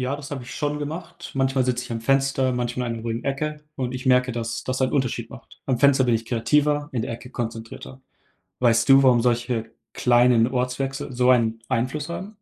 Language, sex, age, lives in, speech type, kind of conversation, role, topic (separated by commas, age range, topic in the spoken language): German, male, 18-19, Germany, read, expert-assistant, asker, Gibt es bekannte Autorinnen oder Autoren, deren Schreibprozesse besonders inspirierend sind und von denen man lernen kann?